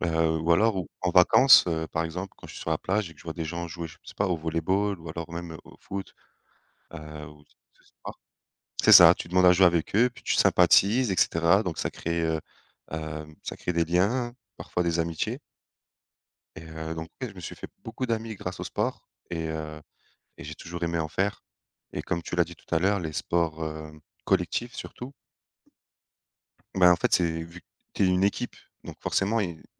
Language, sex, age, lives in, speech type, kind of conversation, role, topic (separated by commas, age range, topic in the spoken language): French, male, 30-34, France, conversation, unstructured, none, Comment le sport peut-il rassembler les gens ?